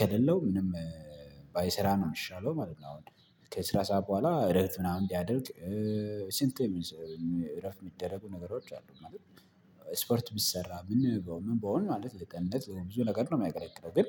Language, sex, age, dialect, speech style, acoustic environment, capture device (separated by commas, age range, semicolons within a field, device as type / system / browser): Amharic, male, 25-34, Ethiopia - Addis Ababa, excited, nature, mobile / Linux / Chrome